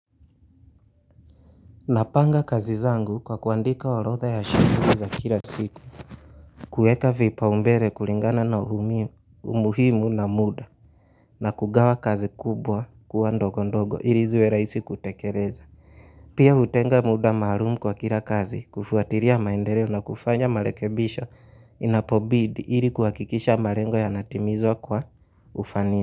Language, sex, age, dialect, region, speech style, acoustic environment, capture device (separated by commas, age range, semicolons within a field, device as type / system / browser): Swahili, male, 25-34, Kenya - Mombasa, Kenya, relaxed, silence, mobile / Linux / Chrome